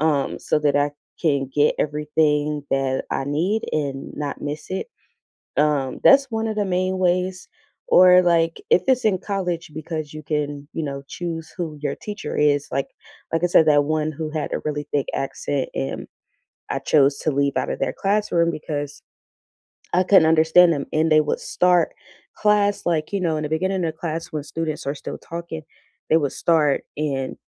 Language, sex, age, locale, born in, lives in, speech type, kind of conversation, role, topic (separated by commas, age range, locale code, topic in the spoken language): English, female, 30-34, en-US, United States, United States, conversation, unstructured, none, What learning style helps you most—visuals, hands-on practice, or listening—and how has it shaped you?